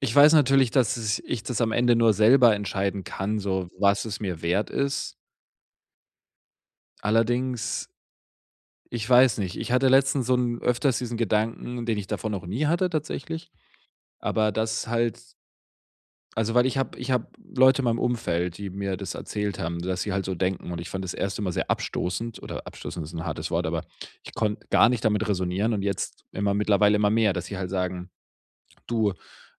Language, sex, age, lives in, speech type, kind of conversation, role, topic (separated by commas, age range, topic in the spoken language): German, male, 25-29, Germany, conversation, advice, user, Wie finde ich beim Einkaufen das beste Preis-Leistungs-Verhältnis?